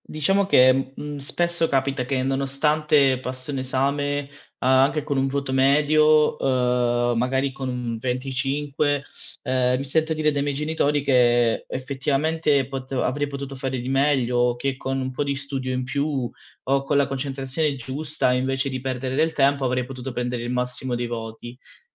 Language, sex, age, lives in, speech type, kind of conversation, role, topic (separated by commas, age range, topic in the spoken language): Italian, male, 25-29, Italy, conversation, advice, user, Perché mi sento un impostore nonostante i risultati?